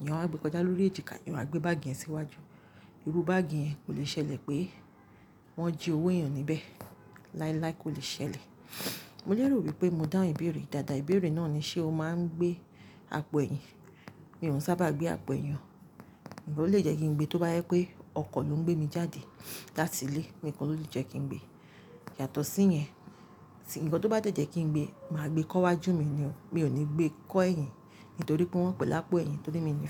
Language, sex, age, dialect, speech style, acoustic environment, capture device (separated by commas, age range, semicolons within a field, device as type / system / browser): Yoruba, female, 35-44, Nigeria - Lagos Yoruba, relaxed, silence, mobile / Linux / Chrome